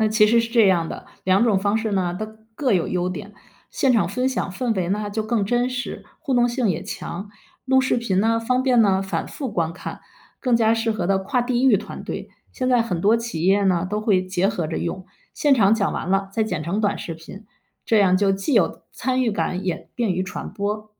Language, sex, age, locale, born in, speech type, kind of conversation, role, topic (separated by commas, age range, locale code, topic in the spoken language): Chinese, female, 50-54, zh-CN, China, read, expert-assistant, expert, 想知道那些成功的企业是怎么想出新点子的，平时大家都喜欢看哪些故事或访谈？